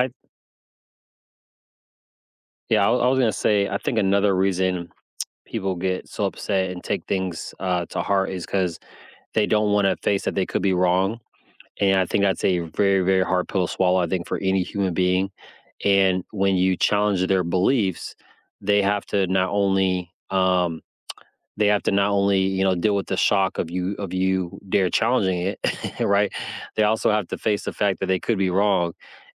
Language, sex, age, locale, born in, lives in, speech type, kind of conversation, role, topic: English, male, 35-39, en-US, United States, United States, conversation, unstructured, none, Why do people get angry when their beliefs are challenged?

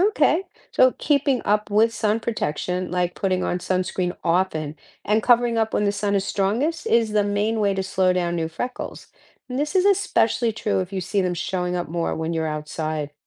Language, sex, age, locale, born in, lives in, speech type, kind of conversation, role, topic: English, female, 60-64, en-US, United States, United States, read, expert-assistant, expert, Why do some people have freckles?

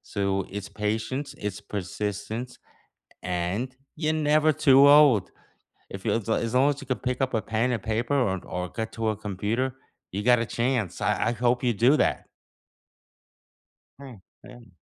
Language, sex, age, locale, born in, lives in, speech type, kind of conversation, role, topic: English, male, 65-69, en-US, United States, United States, conversation, unstructured, none, Which teacher inspired you most, what did they spark in you, and how did it shape you?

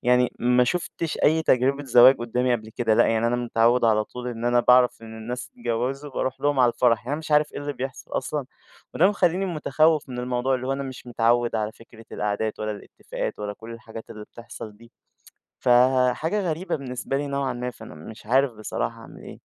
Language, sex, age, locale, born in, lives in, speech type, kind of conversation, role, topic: Arabic, male, 20-24, ar-EG, Egypt, Egypt, conversation, advice, user, إزاي بتقرر تتجوز ولا تفضل أعزب؟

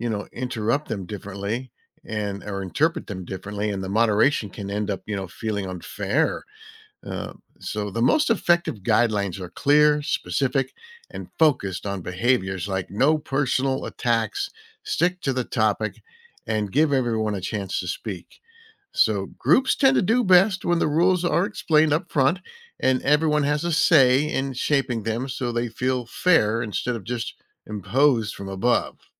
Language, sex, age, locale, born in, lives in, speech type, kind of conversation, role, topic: English, male, 60-64, en-US, United States, United States, read, expert-assistant, expert, How does social media affect discussing important issues?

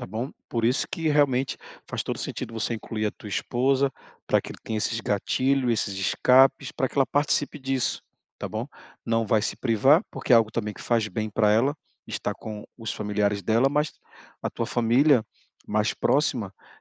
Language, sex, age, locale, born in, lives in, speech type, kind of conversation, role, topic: Portuguese, male, 40-44, pt-BR, Brazil, Portugal, conversation, advice, advisor, Como posso recusar convites para eventos de que não gosto sem magoar ninguém?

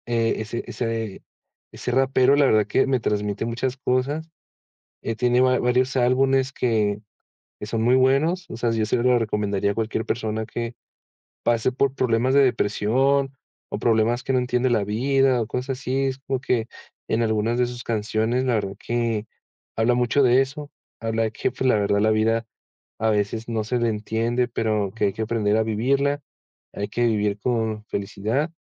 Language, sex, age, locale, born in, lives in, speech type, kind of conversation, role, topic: Spanish, male, 20-24, es-MX, Mexico, Mexico, conversation, podcast, guest, ¿Tienes alguna canción que te transporte al pasado?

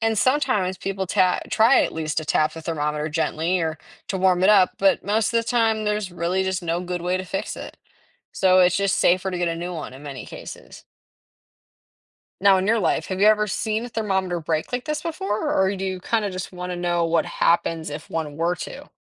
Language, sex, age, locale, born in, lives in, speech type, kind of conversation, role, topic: English, female, 18-19, en-US, United States, United States, read, expert-assistant, expert, How does a thermometer measure temperature?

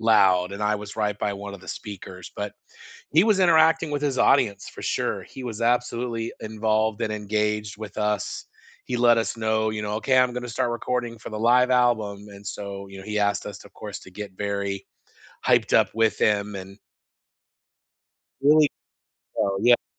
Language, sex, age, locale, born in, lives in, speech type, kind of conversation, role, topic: English, male, 50-54, en-US, United States, United States, conversation, unstructured, none, Which live shows gave you goosebumps, and what made those moments unforgettable?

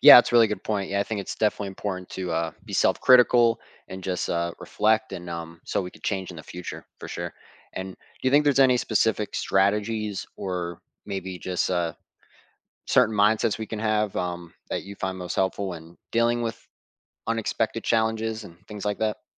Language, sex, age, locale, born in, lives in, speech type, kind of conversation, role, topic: English, male, 20-24, en-US, United States, United States, conversation, podcast, host, What helps you stay resilient and keep moving forward when you face obstacles in life?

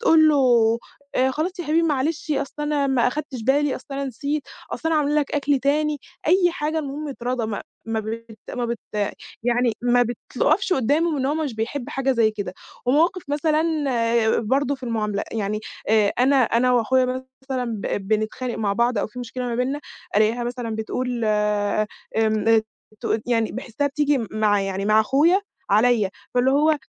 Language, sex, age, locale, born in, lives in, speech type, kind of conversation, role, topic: Arabic, female, 20-24, ar-EG, Egypt, Egypt, conversation, advice, user, إزاي أتعامل مع صعوبة التواصل أو إحساس إن حد قريب من العيلة بيتجاهلني؟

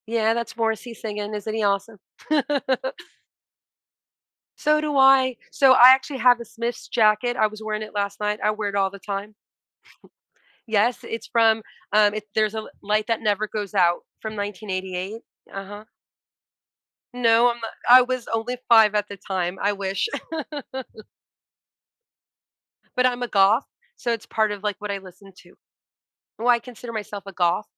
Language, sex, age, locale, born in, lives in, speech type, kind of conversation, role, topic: English, female, 40-44, en-US, United States, United States, conversation, podcast, guest, What qualities make a television show stand out and stay with you over time?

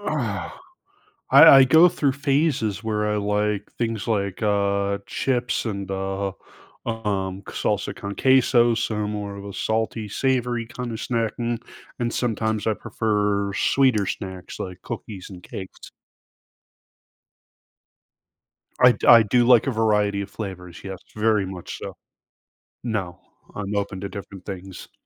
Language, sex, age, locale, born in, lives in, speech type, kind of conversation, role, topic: English, male, 45-49, en-US, United States, United States, conversation, advice, user, How can I find tasty, low-calorie snacks that keep me full during a busy day?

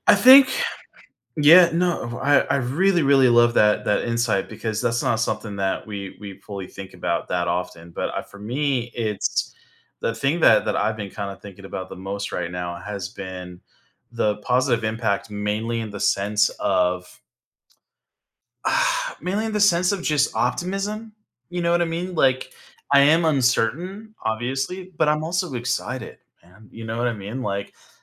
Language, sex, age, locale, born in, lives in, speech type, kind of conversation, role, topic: English, male, 30-34, en-US, United States, United States, conversation, advice, user, How can I cope with uncertainty about a big life change?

